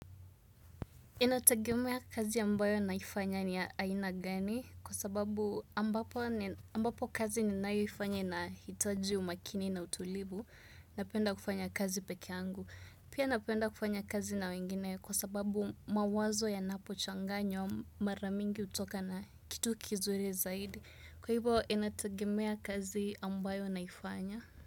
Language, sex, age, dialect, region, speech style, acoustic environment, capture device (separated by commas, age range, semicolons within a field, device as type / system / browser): Swahili, female, 25-34, Kenya - Nairobi Sheng-influenced, Kenya, relaxed, silence, desktop / Windows / Chrome